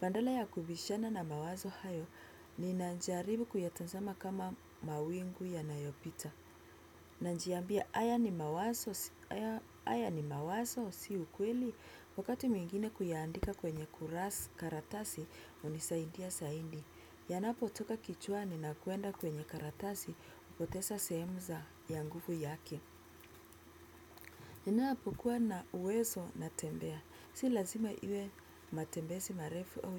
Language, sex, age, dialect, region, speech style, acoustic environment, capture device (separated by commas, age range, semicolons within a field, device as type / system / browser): Swahili, female, 25-34, Kenya - Nairobi Sheng-influenced, Kenya, happy, music; silence, desktop / Windows / Chrome